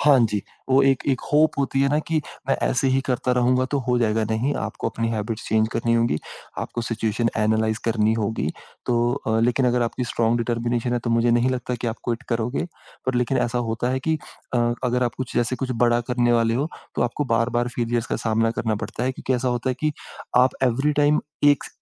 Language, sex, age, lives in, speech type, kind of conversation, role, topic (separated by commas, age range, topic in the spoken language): Hindi, male, 25-29, India, conversation, podcast, guest, Failure ke baad tum khud ko kaise sambhalte ho aur dobara kaise khade hote ho?